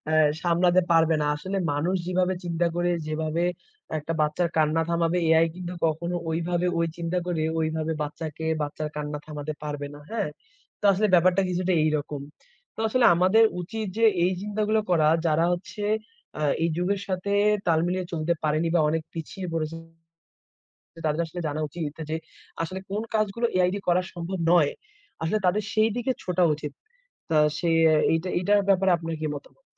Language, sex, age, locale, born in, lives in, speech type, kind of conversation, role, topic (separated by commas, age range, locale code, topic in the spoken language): Bengali, male, 20-24, bn-BD, Bangladesh, Bangladesh, conversation, unstructured, none, কৃত্রিম বুদ্ধিমত্তা কি মানুষকে চাকরি থেকে ছাঁটাই করে দিতে পারে?